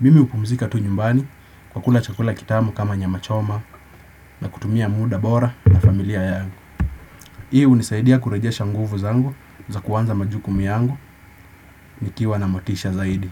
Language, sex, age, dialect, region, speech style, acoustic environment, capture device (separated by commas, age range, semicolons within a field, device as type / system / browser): Swahili, male, 18-24, Kenya - Nairobi Sheng-influenced, Kenya, relaxed, nature; silence, desktop / Windows / Chrome